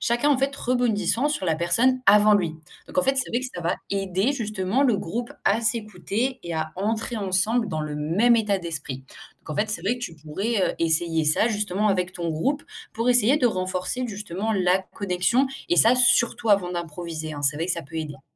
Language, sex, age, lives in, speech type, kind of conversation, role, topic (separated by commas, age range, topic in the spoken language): French, female, 18-19, France, read, expert-assistant, expert, Comment mesurer ses progrès de façon motivante quand on débute dans une activité artistique comme le théâtre ou la danse ?